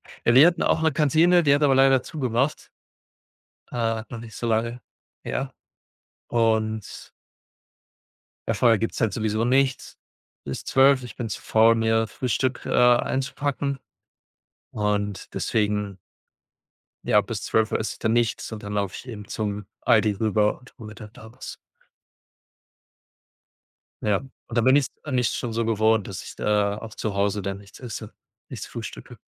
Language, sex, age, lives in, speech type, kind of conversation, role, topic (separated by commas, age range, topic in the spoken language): German, male, 25-29, Germany, conversation, unstructured, none, Wie beeinflusst Essen deine Stimmung?